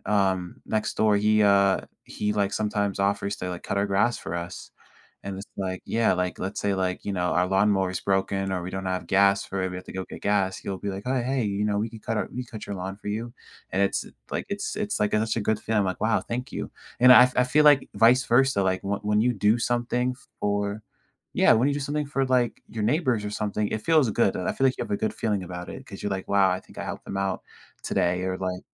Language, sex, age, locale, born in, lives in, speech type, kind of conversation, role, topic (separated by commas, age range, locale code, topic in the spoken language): English, male, 18-19, en-US, United States, United States, conversation, podcast, host, How can communities create an environment where newcomers feel included and valued?